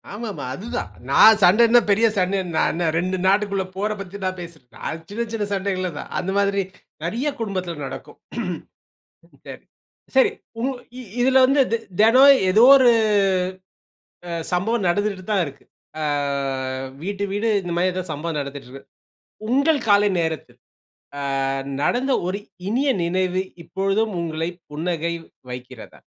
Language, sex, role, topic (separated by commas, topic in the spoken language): Tamil, male, host, உங்கள் குடும்பத்தில் காலை நேரப் பழக்கங்கள் எப்படி இருக்கின்றன?